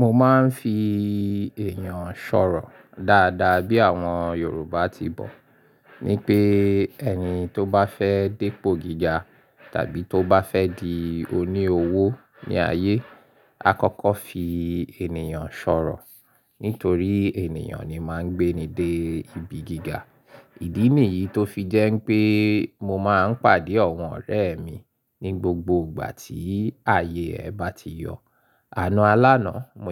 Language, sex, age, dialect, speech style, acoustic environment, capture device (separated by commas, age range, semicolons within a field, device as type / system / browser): Yoruba, male, 35-44, Nigeria - Lagos Yoruba, happy; excited; focused, construction; silence; appliances, mobile / Linux / Chrome